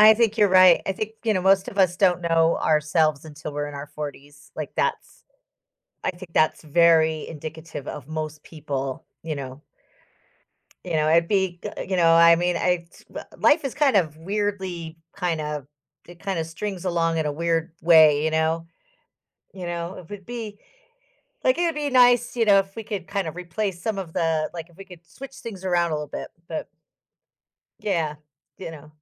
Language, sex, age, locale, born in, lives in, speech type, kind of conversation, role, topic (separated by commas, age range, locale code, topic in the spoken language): English, female, 55-59, en-US, United States, United States, conversation, podcast, host, How have your childhood dreams influenced who you are today?